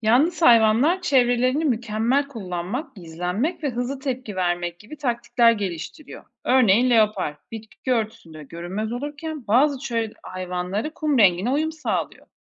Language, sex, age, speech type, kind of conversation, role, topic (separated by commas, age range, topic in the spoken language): Turkish, female, 40-44, read, expert-assistant, expert, Bazı hayvanlar gruplar halinde yaşarken bazıları tek başına takılır. Topluluk içinde yaşamak mı, yoksa yalnız olmak mı evrim açısından daha çok fayda sağlamıştır?